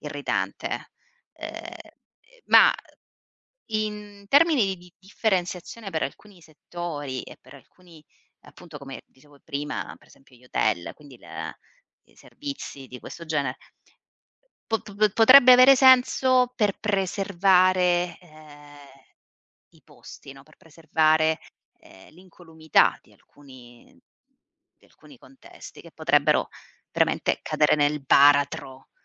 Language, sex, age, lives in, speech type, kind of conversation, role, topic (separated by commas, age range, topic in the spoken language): Italian, female, 35-39, Italy, conversation, unstructured, none, Come reagisci quando i prezzi aumentano solo per i turisti?